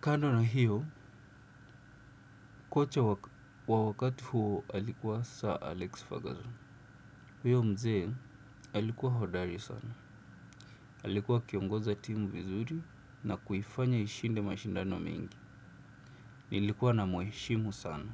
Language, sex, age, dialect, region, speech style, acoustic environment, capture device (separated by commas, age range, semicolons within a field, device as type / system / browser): Swahili, male, 25-34, Kenya - Nairobi Sheng-influenced, Kenya, relaxed, silence, desktop / Windows / Chrome